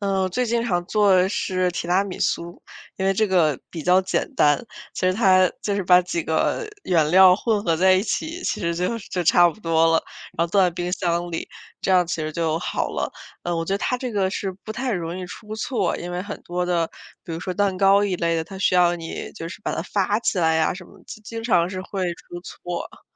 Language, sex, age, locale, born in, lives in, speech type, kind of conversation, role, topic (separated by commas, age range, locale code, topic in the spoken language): Chinese, female, 25-29, zh-CN, China, United States, conversation, podcast, guest, 你通常会如何决定是自己做饭还是点外卖？